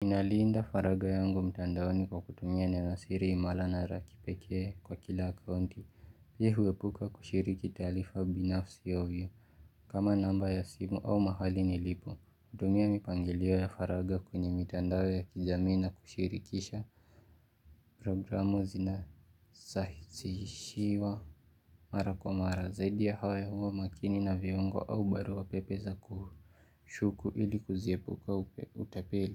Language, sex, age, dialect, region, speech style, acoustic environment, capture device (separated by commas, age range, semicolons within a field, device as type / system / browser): Swahili, male, 18-24, Kenya - Nairobi Sheng-influenced, Kenya, relaxed, silence, mobile / Linux / Chrome